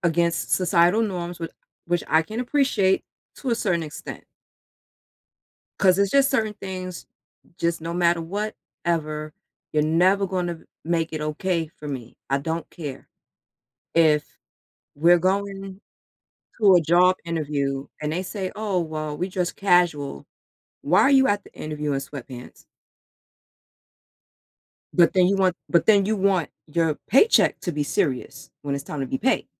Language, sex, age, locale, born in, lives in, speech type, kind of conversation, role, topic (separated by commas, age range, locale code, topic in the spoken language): English, female, 40-44, en-US, United States, United States, conversation, podcast, guest, In what ways does personal style shape how we are perceived by others?